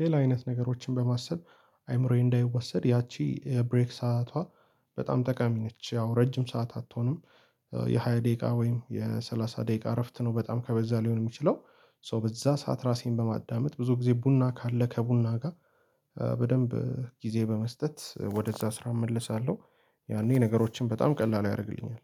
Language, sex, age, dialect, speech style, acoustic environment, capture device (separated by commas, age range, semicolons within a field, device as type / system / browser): Amharic, male, 18-24, Ethiopia - Addis Ababa, happy; anxious; relaxed; tired, people talking; music; nature, mobile / Linux / Chrome